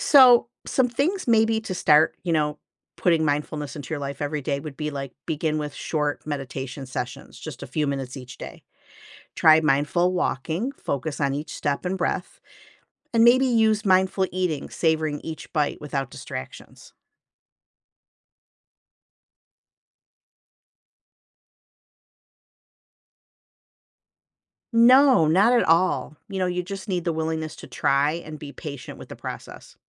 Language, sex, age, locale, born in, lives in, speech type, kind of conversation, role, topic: English, female, 55-59, en-US, United States, United States, read, expert-assistant, expert, What are the advantages of practicing mindfulness?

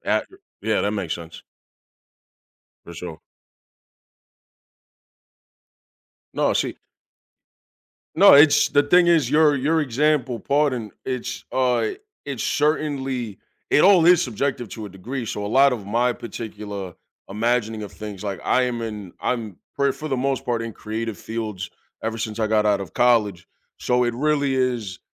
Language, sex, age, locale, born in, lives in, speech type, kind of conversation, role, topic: English, male, 30-34, en-US, United States, United States, conversation, unstructured, none, In what ways can connecting with others open doors to personal and professional growth?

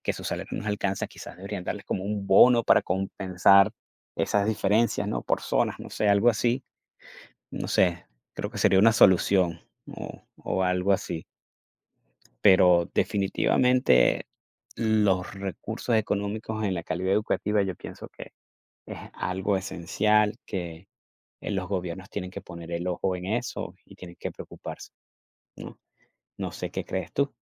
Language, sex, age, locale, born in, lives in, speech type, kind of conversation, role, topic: Spanish, male, 45-49, es-VE, Venezuela, United States, conversation, unstructured, none, ¿Cuánto afecta la falta de recursos a la calidad de la educación?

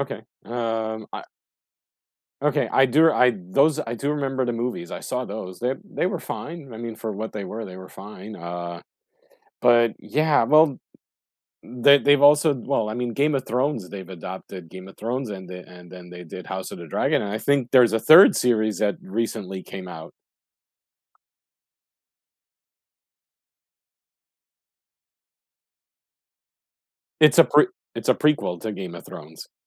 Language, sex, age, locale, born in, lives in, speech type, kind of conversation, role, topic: English, male, 45-49, en-US, United States, United States, conversation, unstructured, none, Which book-to-screen adaptation surprised you, for better or worse?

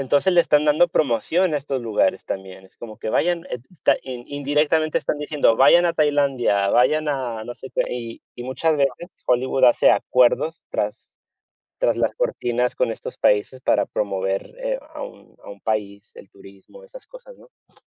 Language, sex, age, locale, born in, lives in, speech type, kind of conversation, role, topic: Spanish, male, 35-39, es-US, United States, Spain, conversation, unstructured, none, ¿Crees que la cultura cambia con la globalización? ¿Por qué?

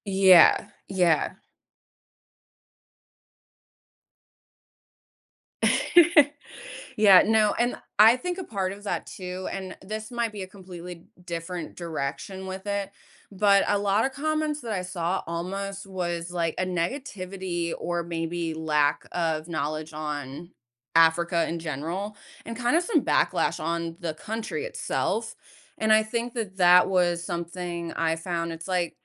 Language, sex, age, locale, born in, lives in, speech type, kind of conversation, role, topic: English, female, 30-34, en-US, United States, United States, conversation, podcast, guest, How can individuals make a meaningful impact in protecting endangered wildlife?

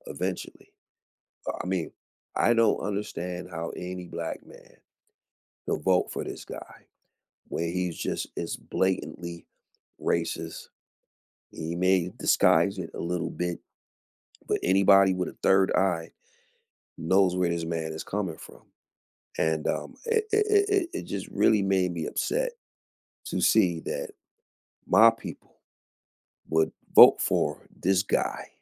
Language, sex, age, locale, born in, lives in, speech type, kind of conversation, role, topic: English, male, 45-49, en-US, United States, United States, conversation, unstructured, none, What is your opinion of politicians who put personal gain first?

